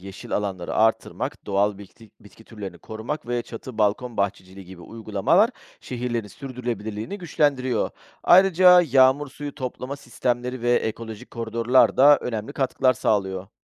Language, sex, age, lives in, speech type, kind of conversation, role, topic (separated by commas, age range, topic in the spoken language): Turkish, male, 40-44, Greece, read, expert-assistant, expert, Hayatın evriminde en önemli dönüm noktaları nelerdi, hangilerine öncelik veririz?